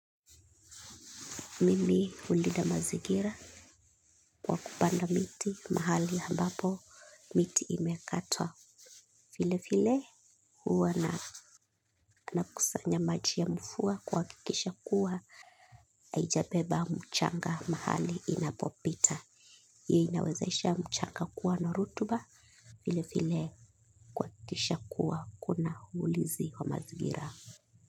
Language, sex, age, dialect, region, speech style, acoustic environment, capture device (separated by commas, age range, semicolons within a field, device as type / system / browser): Swahili, female, 25-34, Kenya - Mombasa, Kenya, relaxed, nature, mobile / Linux / Chrome